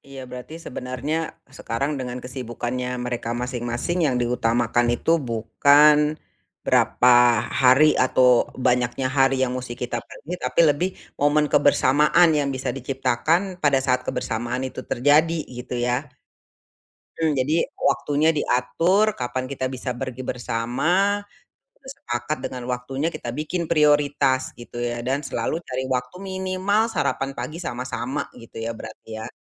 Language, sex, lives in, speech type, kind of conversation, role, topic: Indonesian, female, Indonesia, conversation, advice, user, Bagaimana cara mengatur waktu saat bepergian agar bisa menikmati liburan tanpa tergesa-gesa?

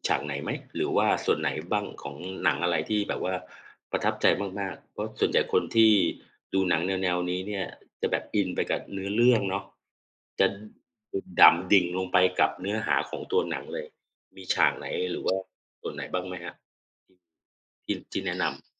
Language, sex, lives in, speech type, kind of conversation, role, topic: Thai, male, Thailand, conversation, unstructured, none, หนังเรื่องล่าสุดที่คุณดูทำให้คุณรู้สึกอย่างไร?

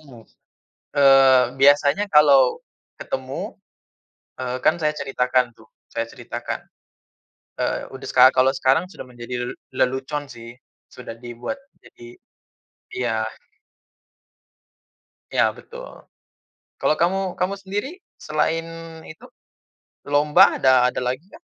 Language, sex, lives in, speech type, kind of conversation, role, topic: Indonesian, male, Indonesia, conversation, unstructured, none, Kenangan apa yang membuatmu merasa marah ketika mengingatnya?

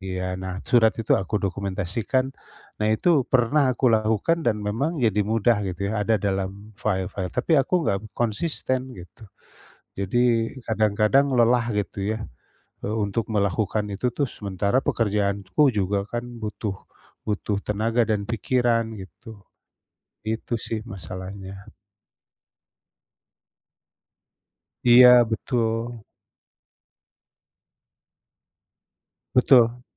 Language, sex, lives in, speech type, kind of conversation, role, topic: Indonesian, male, Indonesia, conversation, advice, user, Bagaimana saya bisa lebih konsisten mempertahankan kebiasaan mendokumentasikan proses kreatif setiap hari?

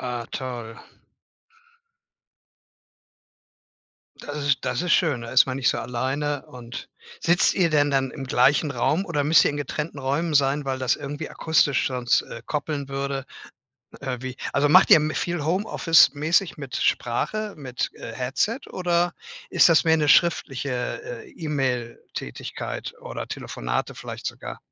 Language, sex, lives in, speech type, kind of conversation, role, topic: German, male, Germany, conversation, podcast, host, Wie kam es zu deinem Berufswechsel?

